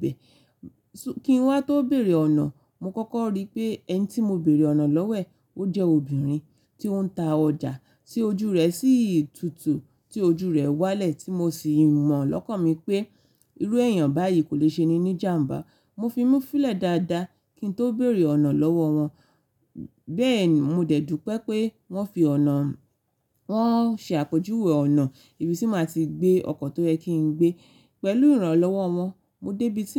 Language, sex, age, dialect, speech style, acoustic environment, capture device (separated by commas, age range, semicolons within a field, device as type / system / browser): Yoruba, female, 25-34, Nigeria - Ibadan, relaxed, silence, mobile / Linux / Chrome